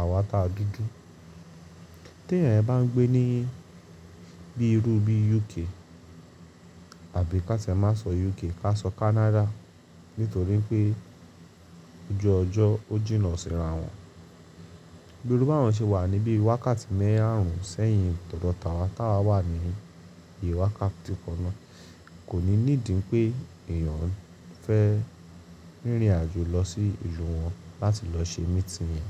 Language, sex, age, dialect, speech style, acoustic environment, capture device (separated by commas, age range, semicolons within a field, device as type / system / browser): Yoruba, male, 25-34, Nigeria - Lagos Yoruba, relaxed, appliances, mobile / Linux / Chrome